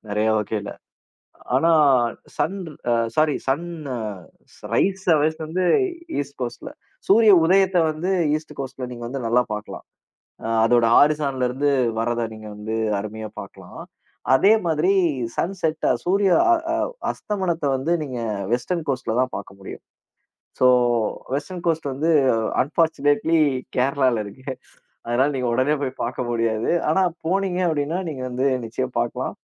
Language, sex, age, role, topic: Tamil, male, 30-34, guest, கடலின் அலைகளைப் பார்த்துப் பார்த்து மன அமைதி கிடைத்த அனுபவத்தைப் பகிர்ந்து சொல்ல முடியுமா?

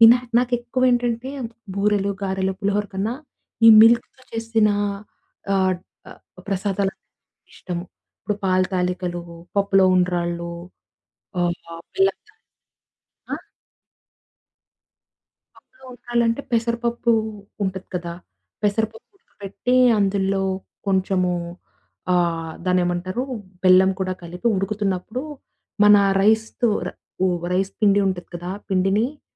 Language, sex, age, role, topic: Telugu, female, 20-24, guest, పండుగ రోజున మీ ఇంట్లో తప్పక వండే వంటకం ఏది?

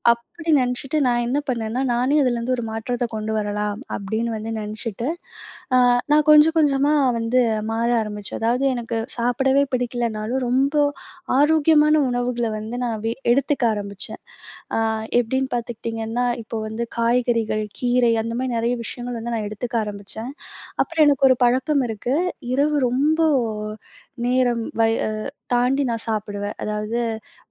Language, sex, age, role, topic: Tamil, female, 20-24, guest, மனஅழுத்தத்தை குறைக்க உங்களுக்கு எந்த பழக்கம் உதவுகிறது?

